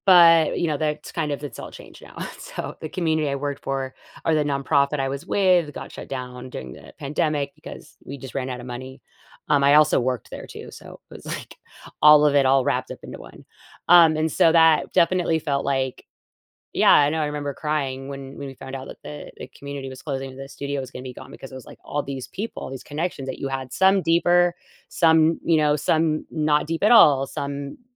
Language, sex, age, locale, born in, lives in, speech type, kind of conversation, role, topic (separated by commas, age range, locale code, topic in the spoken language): English, female, 40-44, en-US, United States, United States, conversation, advice, user, How can I make new friends?